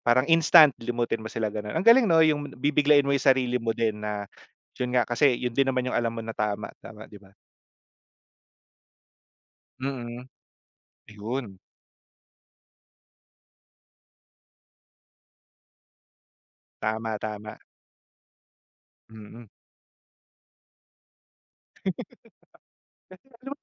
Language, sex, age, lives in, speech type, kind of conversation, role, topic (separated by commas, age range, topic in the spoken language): Filipino, male, 30-34, Philippines, conversation, unstructured, none, Ano ang isang simpleng karanasan na hinding-hindi mo malilimutan?